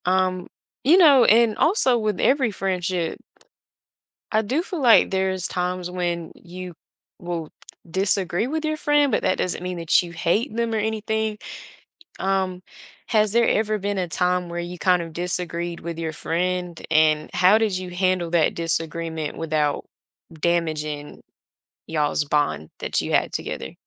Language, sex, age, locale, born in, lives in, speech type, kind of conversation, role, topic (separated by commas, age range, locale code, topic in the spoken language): English, female, 20-24, en-US, United States, United States, conversation, podcast, host, How have strong friendships shaped who you are and how you navigate life?